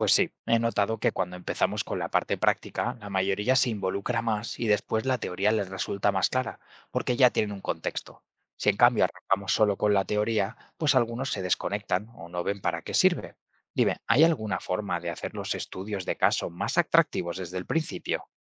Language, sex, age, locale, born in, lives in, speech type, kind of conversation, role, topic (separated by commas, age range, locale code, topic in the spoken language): Spanish, male, 25-29, es-ES, Spain, Spain, read, expert-assistant, asker, ¿Cómo pueden las teorías del aprendizaje facilitar que los adultos adquieran habilidades en el trabajo?